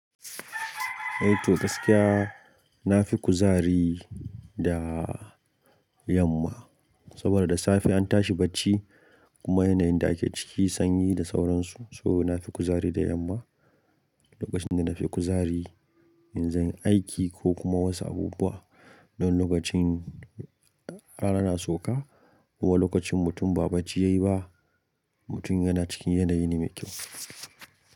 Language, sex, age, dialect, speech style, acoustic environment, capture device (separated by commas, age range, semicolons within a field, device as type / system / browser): Hausa, male, 25-34, Nigeria - Kano, happy; focused, silence; nature, mobile / Linux / Chrome